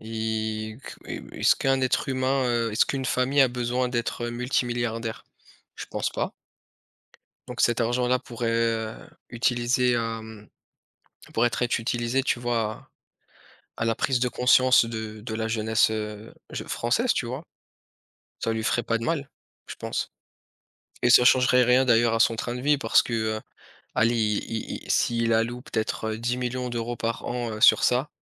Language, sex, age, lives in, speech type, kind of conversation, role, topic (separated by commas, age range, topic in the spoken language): French, male, 30-34, France, conversation, unstructured, none, Comment cultivez-vous la gratitude dans votre vie de tous les jours ?